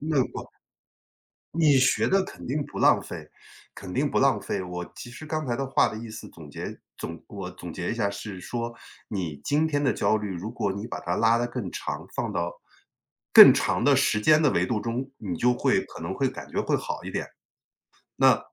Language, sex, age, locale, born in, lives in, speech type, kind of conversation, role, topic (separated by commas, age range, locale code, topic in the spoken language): Chinese, male, 45-49, zh-CN, China, United States, conversation, advice, advisor, 你对未来的不确定性感到担忧，并因此焦虑吗？